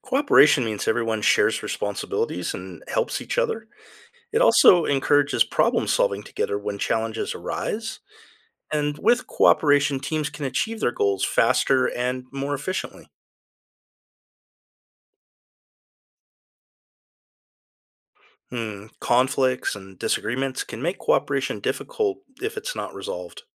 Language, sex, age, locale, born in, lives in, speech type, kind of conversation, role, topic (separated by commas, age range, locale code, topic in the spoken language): English, male, 50-54, en-US, United States, United States, read, expert-assistant, expert, What are the key components of effective teamwork?